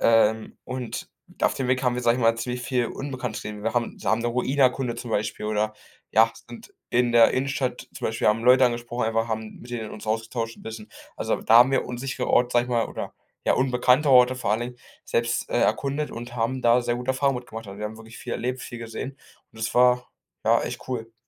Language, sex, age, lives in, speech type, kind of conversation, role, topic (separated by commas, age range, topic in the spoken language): German, male, 18-19, Germany, conversation, advice, user, Wie kann ich sicher damit anfangen, unbekannte Orte allein zu erkunden?